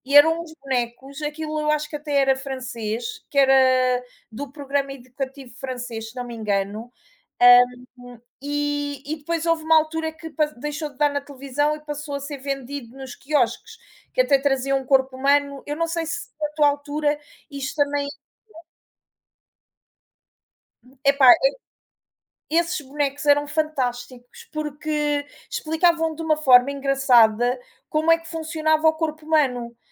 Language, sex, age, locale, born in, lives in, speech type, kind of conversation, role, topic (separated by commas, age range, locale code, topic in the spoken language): Portuguese, female, 35-39, pt-PT, Portugal, Portugal, conversation, unstructured, none, O que mais te incomoda na forma como aprendemos hoje?